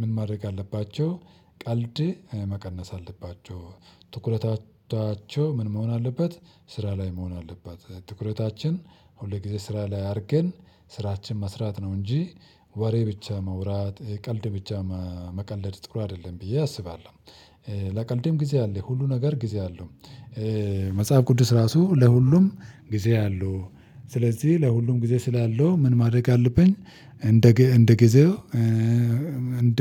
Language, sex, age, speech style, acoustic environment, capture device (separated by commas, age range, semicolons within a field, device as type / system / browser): Amharic, male, 35-44, relaxed; focused, silence, mobile / Linux / Chrome